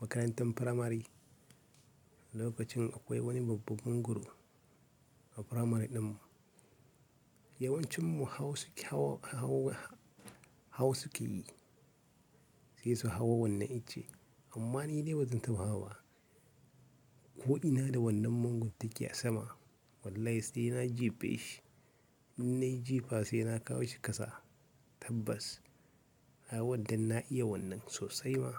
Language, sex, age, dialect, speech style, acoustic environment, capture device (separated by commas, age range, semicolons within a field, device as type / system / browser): Hausa, male, 25-34, Nigeria - Kano, happy, silence, mobile / Linux / Chrome